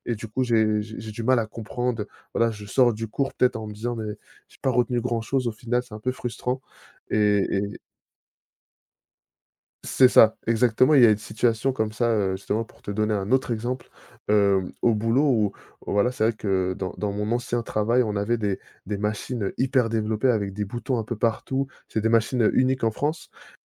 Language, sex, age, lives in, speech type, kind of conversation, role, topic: French, male, 30-34, France, conversation, podcast, guest, Qu’est-ce qui t’a poussé à apprendre quelque chose en autodidacte ?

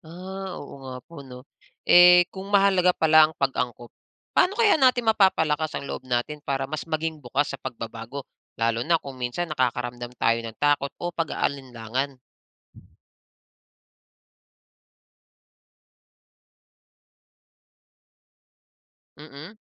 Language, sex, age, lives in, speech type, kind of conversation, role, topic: Filipino, male, 35-39, Philippines, read, expert-assistant, asker, Paano makakatulong ang mga aral ng ebolusyon at pag-aangkop sa pagharap sa stress araw-araw?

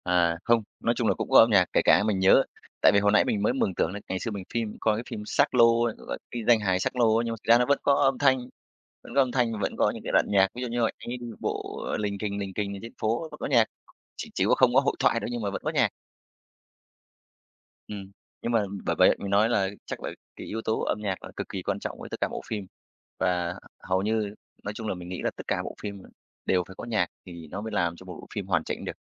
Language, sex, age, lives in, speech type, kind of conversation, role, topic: Vietnamese, male, 35-39, Vietnam, conversation, podcast, guest, Âm nhạc ảnh hưởng thế nào đến cảm xúc của khán giả khi xem phim?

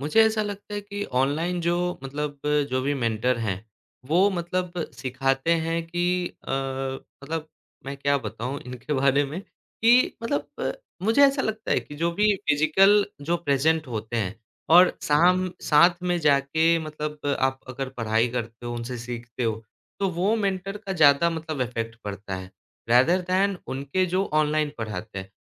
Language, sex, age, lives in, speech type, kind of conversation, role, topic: Hindi, male, 25-29, India, conversation, podcast, guest, Tum apne mentor ke saath apne career goals kaise set karte ho?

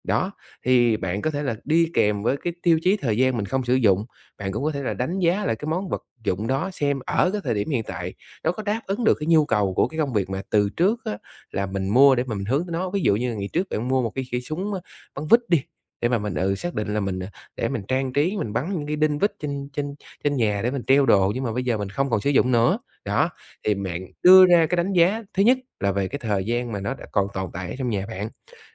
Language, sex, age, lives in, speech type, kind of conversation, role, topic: Vietnamese, male, 30-34, Vietnam, conversation, advice, advisor, Làm sao để loại bỏ đồ không cần thiết hiệu quả hơn?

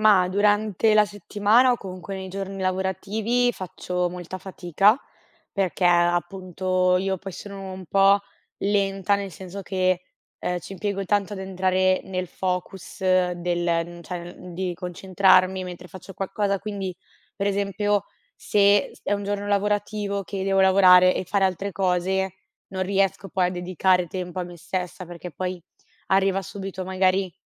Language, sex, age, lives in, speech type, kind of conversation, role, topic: Italian, female, 25-29, Italy, conversation, advice, user, Come posso smettere di sentirmi in colpa quando mi prendo del tempo per riposare?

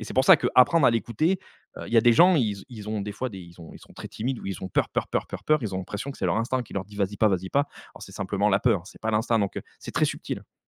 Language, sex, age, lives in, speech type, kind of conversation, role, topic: French, male, 35-39, France, conversation, podcast, guest, Comment sais-tu quand suivre ton instinct plutôt que ta raison ?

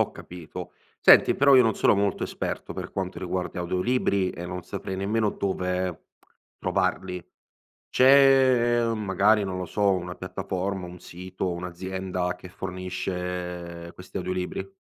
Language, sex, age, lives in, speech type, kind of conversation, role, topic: Italian, male, 25-29, Italy, conversation, advice, user, Come posso riuscire a leggere con regolarità?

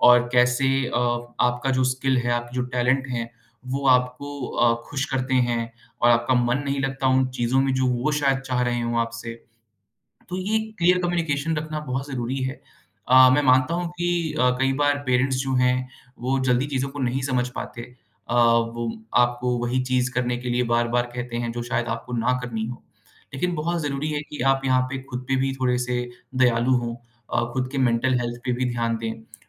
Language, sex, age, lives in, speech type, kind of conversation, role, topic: Hindi, male, 25-29, India, conversation, podcast, guest, Kam budget mein apni hobby ko aasan tareeke se kaise pursue karein?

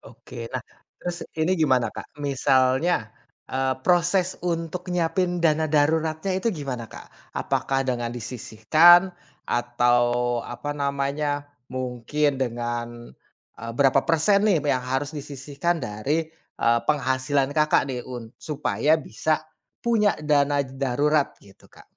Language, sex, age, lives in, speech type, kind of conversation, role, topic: Indonesian, male, 30-34, Indonesia, conversation, podcast, host, Bagaimana kamu mengatur keuangan saat menjalani masa transisi?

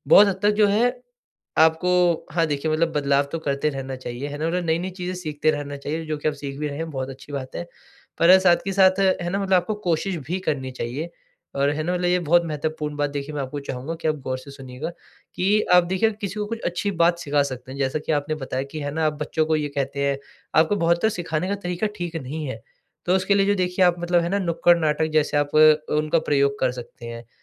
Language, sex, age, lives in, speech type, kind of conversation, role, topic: Hindi, male, 20-24, India, conversation, advice, advisor, पुरानी सोच की आदतों को तोड़ने के उपाय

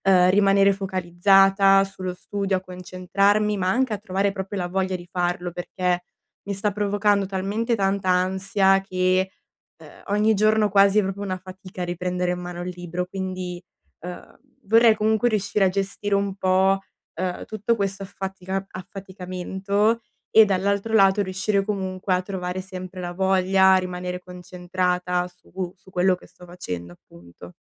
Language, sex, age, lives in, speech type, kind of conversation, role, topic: Italian, female, 20-24, Italy, conversation, advice, user, Come posso gestire la fatica mentale e rimanere concentrato?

